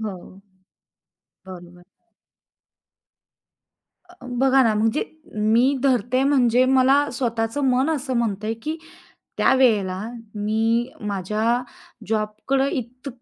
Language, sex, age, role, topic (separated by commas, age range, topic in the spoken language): Marathi, female, 30-34, guest, एखाद्या चुकीतून तुम्हाला सर्वात महत्त्वाचं काय शिकायला मिळालं?